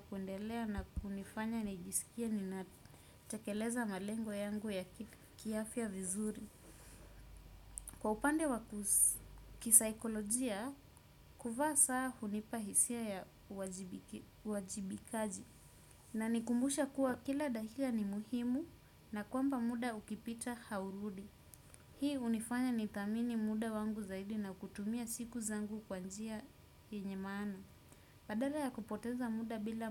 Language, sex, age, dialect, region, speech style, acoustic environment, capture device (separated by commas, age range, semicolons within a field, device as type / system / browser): Swahili, female, 25-34, Kenya - Mombasa, Kenya, nervous, nature, desktop / Windows / Chrome